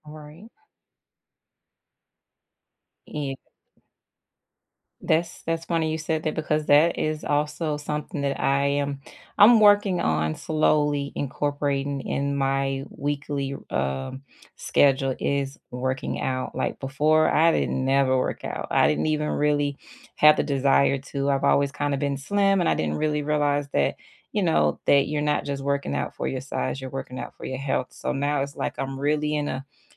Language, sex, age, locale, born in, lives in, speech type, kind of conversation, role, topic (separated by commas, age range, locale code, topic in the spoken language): English, female, 35-39, en-US, United States, United States, conversation, unstructured, none, If you could redesign your week, what would you add or cut to make more time for what matters most?